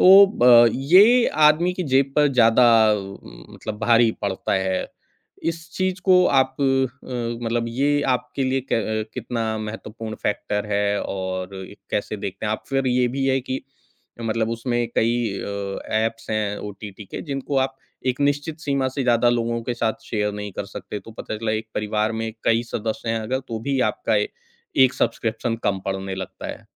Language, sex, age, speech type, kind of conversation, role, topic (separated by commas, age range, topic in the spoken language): Hindi, male, 40-44, conversation, podcast, host, लाइव टीवी और स्ट्रीमिंग में आपकी प्राथमिकता क्या है?